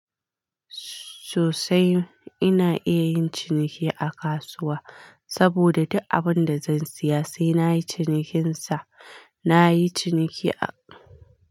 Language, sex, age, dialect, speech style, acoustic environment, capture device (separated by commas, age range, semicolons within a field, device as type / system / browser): Hausa, female, 25-34, Nigeria - Kano, relaxed, silence, mobile / Linux / Chrome